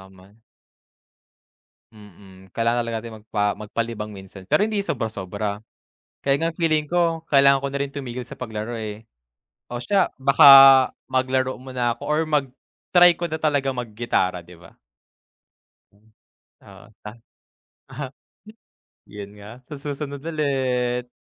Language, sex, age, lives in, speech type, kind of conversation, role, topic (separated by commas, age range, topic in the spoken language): Filipino, male, 20-24, Philippines, conversation, unstructured, none, Anong simpleng gawain ang nagpapasaya sa araw mo?